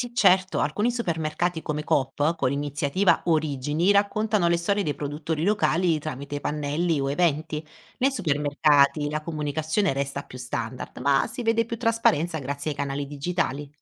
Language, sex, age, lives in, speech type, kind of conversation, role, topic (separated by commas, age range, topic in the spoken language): Italian, female, 30-34, Italy, read, expert-assistant, expert, Spesso si parla di 'km zero' nei prodotti alimentari: ma è sempre chiaro cosa vuol dire? Ci sono casi in cui può essere ambiguo?